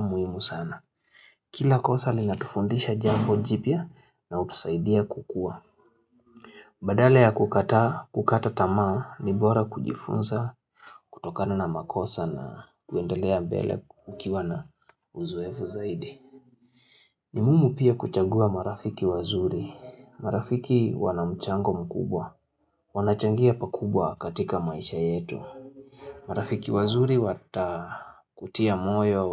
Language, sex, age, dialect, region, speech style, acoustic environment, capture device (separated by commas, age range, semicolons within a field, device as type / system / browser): Swahili, male, 25-34, Kenya - Nairobi Sheng-influenced, Kenya, happy; relaxed; excited, silence, mobile / Linux / Chrome